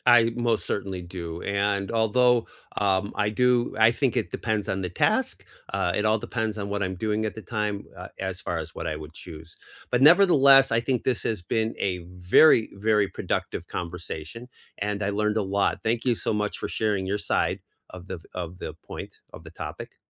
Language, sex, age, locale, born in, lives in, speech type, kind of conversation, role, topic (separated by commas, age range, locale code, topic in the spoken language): English, male, 55-59, en-US, United States, United States, conversation, unstructured, none, Can remote work boost productivity more than in-office collaboration?